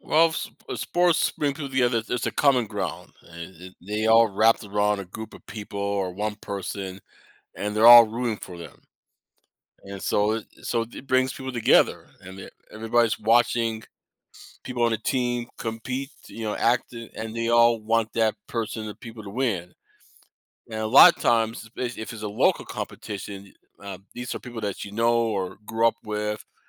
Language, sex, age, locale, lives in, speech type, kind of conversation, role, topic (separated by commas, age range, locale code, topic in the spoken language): English, male, 50-54, en-US, United States, conversation, podcast, guest, Why do you think sports have the power to unite people from different backgrounds?